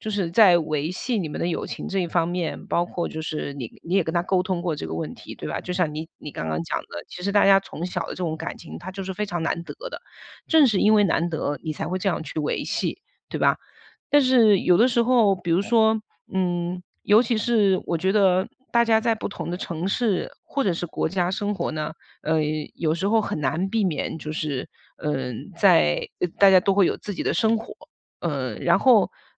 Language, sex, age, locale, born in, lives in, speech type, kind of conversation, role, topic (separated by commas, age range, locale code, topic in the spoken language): Chinese, female, 40-44, zh-CN, China, United States, conversation, advice, advisor, 当一段友情变成你单方面付出并让你感到疲惫时，你该怎么办？